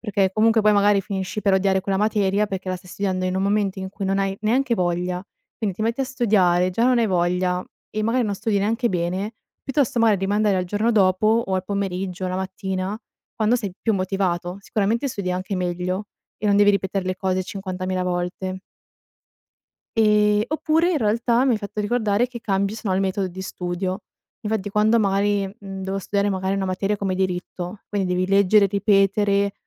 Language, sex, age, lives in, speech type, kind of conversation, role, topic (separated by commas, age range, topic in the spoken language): Italian, female, 20-24, Italy, conversation, podcast, guest, Come fai a mantenere la costanza nei progetti di lunga durata?